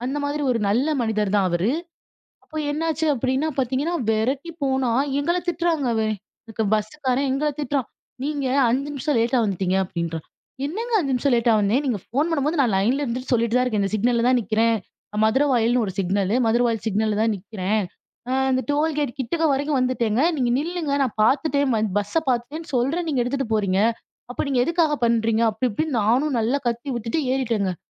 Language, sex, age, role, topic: Tamil, female, 25-29, guest, பயணத்தில் தவிர்க்க வேண்டிய பொதுவான பிழைகள் என்னென்ன?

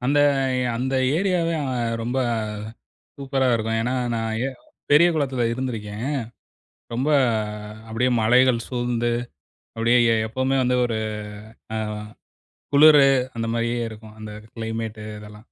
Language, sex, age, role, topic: Tamil, male, 40-44, host, உங்களுக்கு மிகவும் பிடித்த இயற்கைத் தருணம் எது?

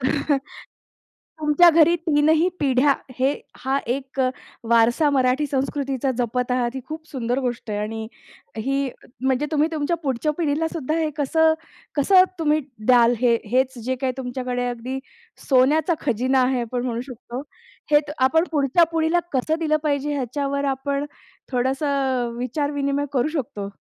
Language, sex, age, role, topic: Marathi, female, 35-39, host, लहानपणी तुमच्या घरात कोणती गाणी सतत ऐकली जायची?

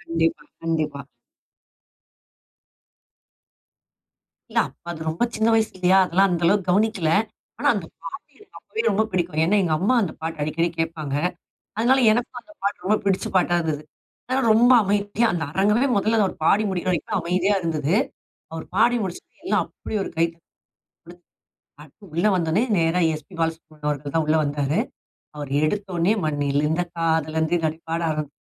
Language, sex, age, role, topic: Tamil, female, 40-44, guest, உங்கள் முதல் நேரடி கச்சேரி அனுபவம் எப்போது நடந்தது?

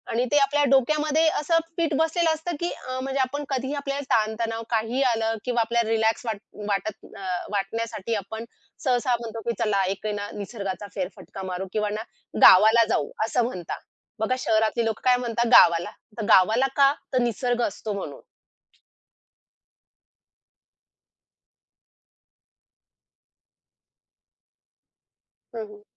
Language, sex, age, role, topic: Marathi, female, 30-34, guest, निसर्गात वेळ घालवल्यावर आयुष्यातील चिंता कशी कमी होते?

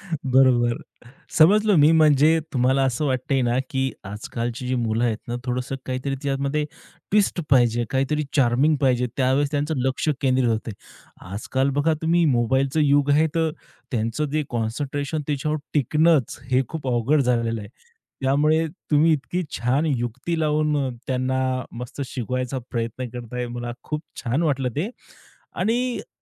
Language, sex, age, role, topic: Marathi, male, 30-34, host, तुला सध्या कोणतं नवीन कौशल्य शिकायचं आहे?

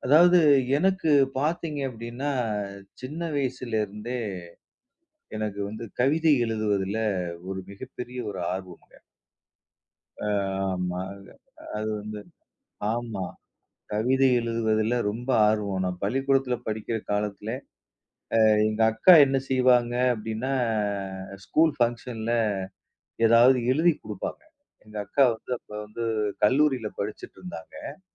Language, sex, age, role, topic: Tamil, male, 40-44, guest, உங்களுடைய உண்மையான ஆர்வங்கள் என்ன?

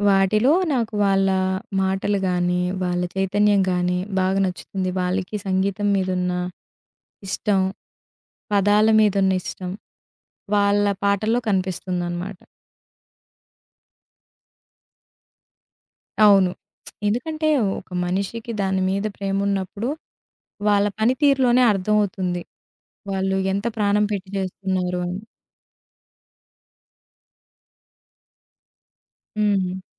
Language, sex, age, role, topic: Telugu, female, 20-24, guest, పాత హిట్ పాటలు మరియు కొత్త పాటలను సహజంగా కలిపి ఎలా మిక్స్ చేస్తారు?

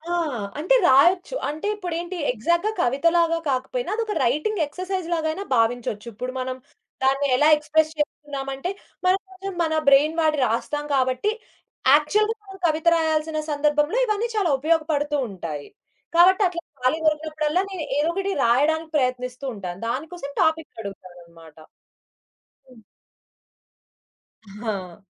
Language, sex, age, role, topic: Telugu, female, 20-24, guest, మీ జీవితంలో సినిమా పాటలకు ఎంత ప్రాధాన్యం ఉంది?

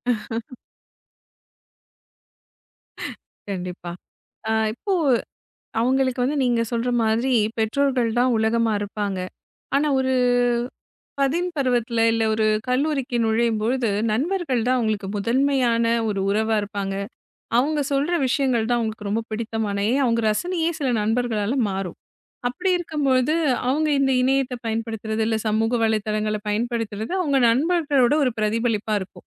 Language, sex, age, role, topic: Tamil, female, 35-39, host, குழந்தைகள் சமூக ஊடகங்களைப் பயன்படுத்துவது சரியா?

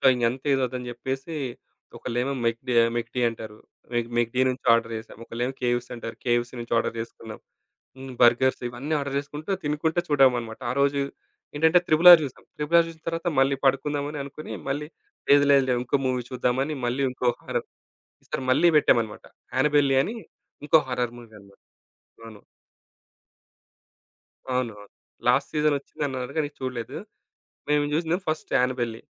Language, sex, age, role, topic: Telugu, male, 35-39, guest, మీ కుటుంబంలో జరిగే ఇంటి సినిమా రాత్రులు ఎలా ఉంటాయో చెప్పగలరా?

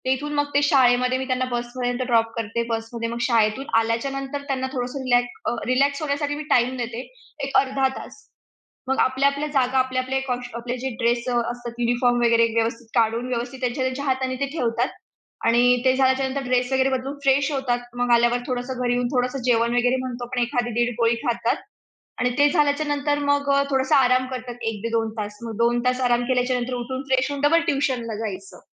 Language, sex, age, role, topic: Marathi, female, 20-24, guest, नियम पाळताना तुम्ही कुटुंबातील सर्वांशी समन्वय कसा ठेवता?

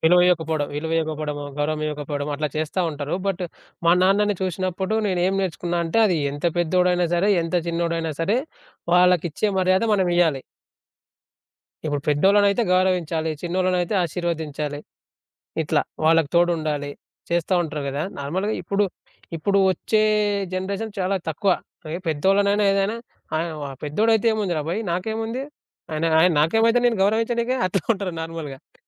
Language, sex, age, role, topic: Telugu, male, 25-29, guest, మీరు తండ్రి లేదా తల్లి నుంచి నేర్చుకున్న అత్యంత ముఖ్యమైన పాఠం ఏది?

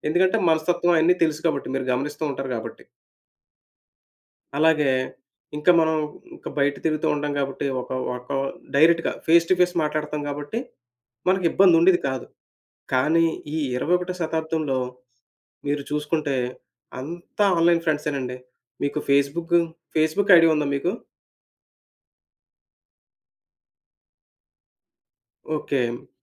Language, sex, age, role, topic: Telugu, male, 30-34, guest, నిజ జీవితానికి, ఆన్‌లైన్ జీవితానికి మధ్య ఆరోగ్యకరమైన సరిహద్దులు ఎలా ఏర్పాటు చేసుకోవాలి?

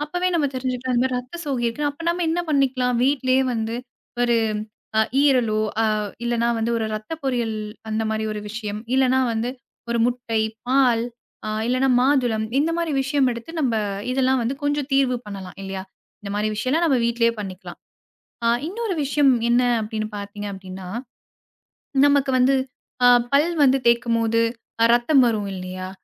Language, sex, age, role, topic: Tamil, female, 25-29, guest, மருத்துவர் அல்லது ஆலோசகரை அணுக வேண்டிய சரியான நேரம் எப்போது என நீங்கள் எப்படி தீர்மானிப்பீர்கள்?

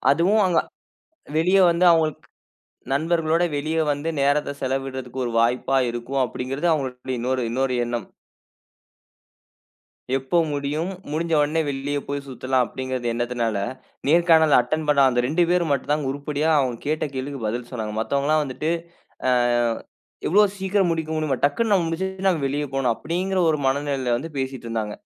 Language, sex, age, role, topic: Tamil, male, 20-24, guest, தனிமையா, கூட்டணியா—நீங்கள் எதற்கு முன்னுரிமை அளிக்கிறீர்கள்?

